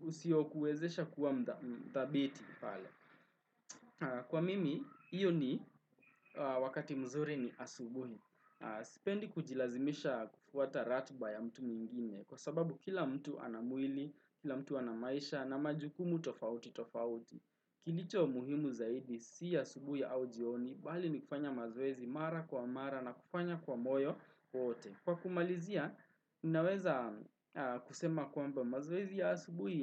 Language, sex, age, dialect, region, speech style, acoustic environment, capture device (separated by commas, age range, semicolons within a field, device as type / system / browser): Swahili, male, 18-24, Kenya - Nairobi Sheng-influenced, Kenya, relaxed, traffic, desktop / Windows / Chrome